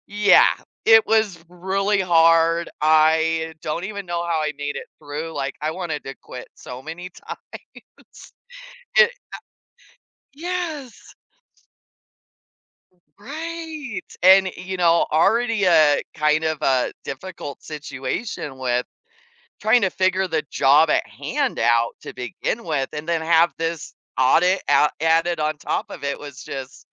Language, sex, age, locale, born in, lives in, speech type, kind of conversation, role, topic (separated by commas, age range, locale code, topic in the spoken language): English, female, 40-44, en-US, United States, United States, conversation, unstructured, none, How have difficult experiences at work shaped your approach to challenges?